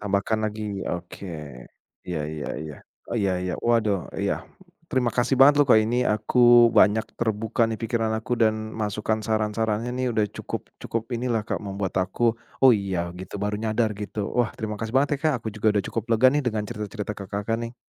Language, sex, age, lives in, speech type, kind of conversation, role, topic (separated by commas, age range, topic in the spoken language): Indonesian, male, 35-39, Indonesia, conversation, advice, user, Bagaimana cara mulai hidup minimalis tanpa merasa kehilangan kenyamanan dan gaya hidup Anda?